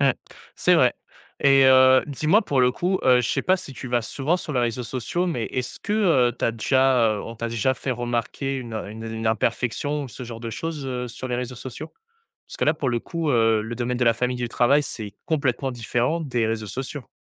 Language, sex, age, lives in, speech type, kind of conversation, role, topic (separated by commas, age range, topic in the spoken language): French, male, 20-24, France, conversation, podcast, host, Comment t’accordes-tu le droit d’être imparfait ?